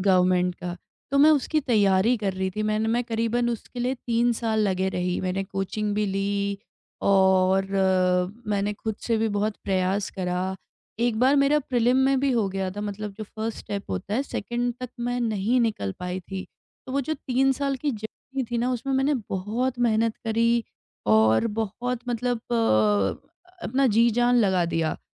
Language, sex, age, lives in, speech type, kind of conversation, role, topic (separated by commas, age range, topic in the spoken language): Hindi, female, 30-34, India, conversation, podcast, guest, Kaise motivation maintain karte ho jab progress slow ho?